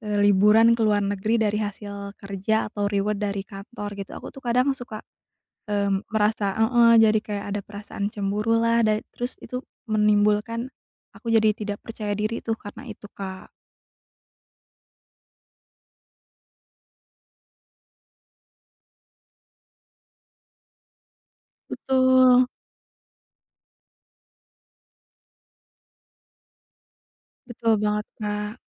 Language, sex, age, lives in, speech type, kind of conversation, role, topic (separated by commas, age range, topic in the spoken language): Indonesian, female, 30-34, Indonesia, conversation, advice, user, Bagaimana cara membangun rasa percaya diri yang tahan lama?